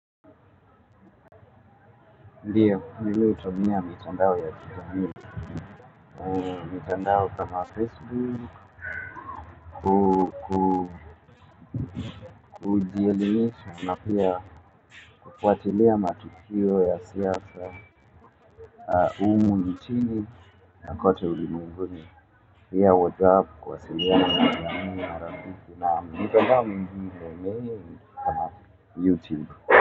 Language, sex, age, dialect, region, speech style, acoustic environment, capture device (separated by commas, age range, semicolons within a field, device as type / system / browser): Swahili, male, 25-34, Kenya - Nairobi Sheng-influenced, Kenya, focused, people talking; music; nature, mobile / Linux / Chrome